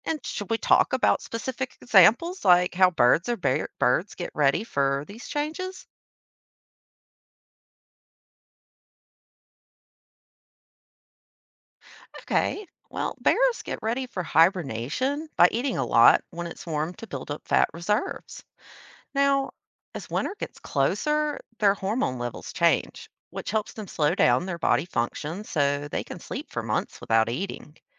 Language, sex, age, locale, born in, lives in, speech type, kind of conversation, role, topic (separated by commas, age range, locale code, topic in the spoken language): English, female, 30-34, en-US, United States, United States, read, expert-assistant, expert, How do animals adapt to survive seasonal changes?